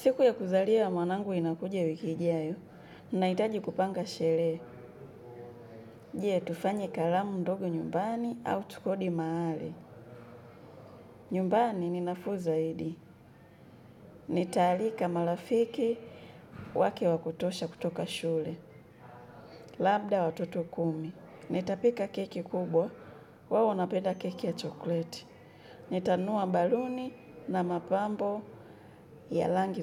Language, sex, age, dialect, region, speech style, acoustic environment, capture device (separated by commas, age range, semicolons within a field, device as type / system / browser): Swahili, female, 25-34, Kenya - Nairobi Sheng-influenced, Kenya, relaxed, nature, mobile / Linux / Chrome